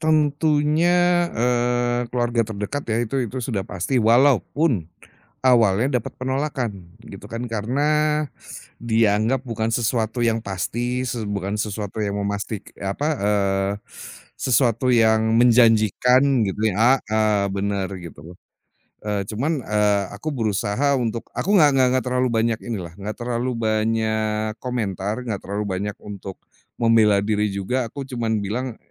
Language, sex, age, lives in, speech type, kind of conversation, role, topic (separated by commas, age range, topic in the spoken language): Indonesian, male, 40-44, Indonesia, conversation, podcast, guest, Kapan kamu merasa menemukan tujuan hidup atau panggilanmu?